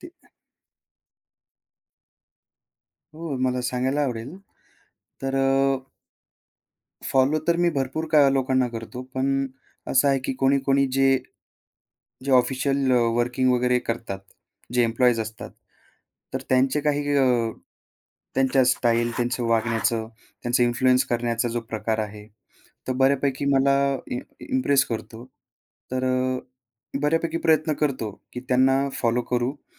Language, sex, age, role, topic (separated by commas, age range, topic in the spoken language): Marathi, male, 25-29, guest, सोशल मीडियाने तुमची शैली कशी घडवली?